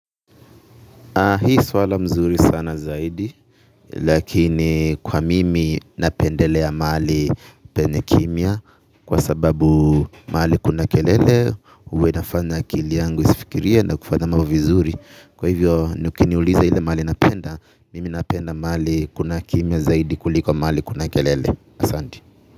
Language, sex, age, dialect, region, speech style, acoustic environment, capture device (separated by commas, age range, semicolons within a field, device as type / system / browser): Swahili, male, 25-34, Kenya - Nairobi Sheng-influenced, Kenya, relaxed, people talking, mobile / Linux / Chrome